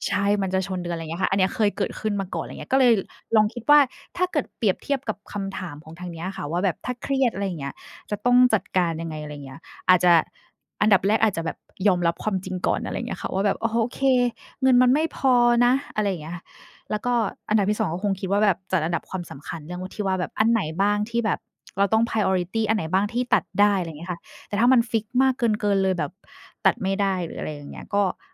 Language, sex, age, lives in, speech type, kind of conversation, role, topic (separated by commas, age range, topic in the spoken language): Thai, female, 30-34, Thailand, conversation, unstructured, none, ถ้าคุณมีหนี้สินเพิ่มขึ้น คุณจะจัดการอย่างไร?